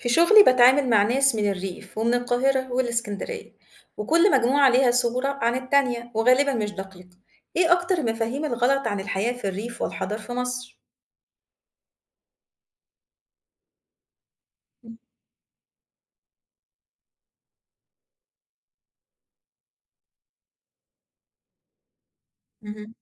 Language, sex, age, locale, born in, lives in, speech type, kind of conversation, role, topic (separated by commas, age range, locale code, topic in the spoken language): Arabic, female, 35-39, ar-EG, Egypt, Egypt, read, expert-assistant, asker, لما بنتكلم عن الريف والحضر في مصر، ساعات بنسمع أوصاف متضاربة عن طريقة الحياة فيهم. إيه الصح وإيه الشائع غلط في تصورنا عنهم؟